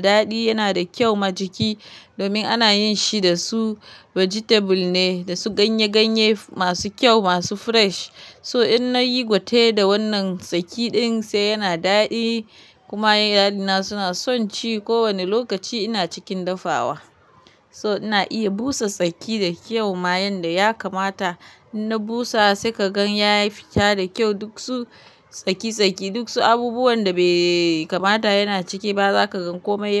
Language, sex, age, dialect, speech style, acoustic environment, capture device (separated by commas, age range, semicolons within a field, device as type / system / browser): Hausa, female, 25-34, Nigeria - Kano, happy; relaxed; focused, nature, mobile / Linux / Chrome